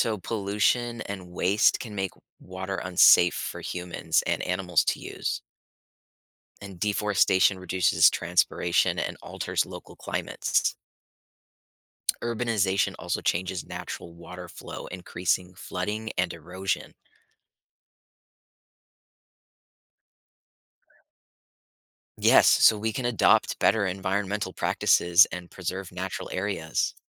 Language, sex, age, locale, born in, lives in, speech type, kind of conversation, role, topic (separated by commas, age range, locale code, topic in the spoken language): English, male, 25-29, en-US, United States, United States, read, expert-assistant, expert, How does the water cycle impact our environment?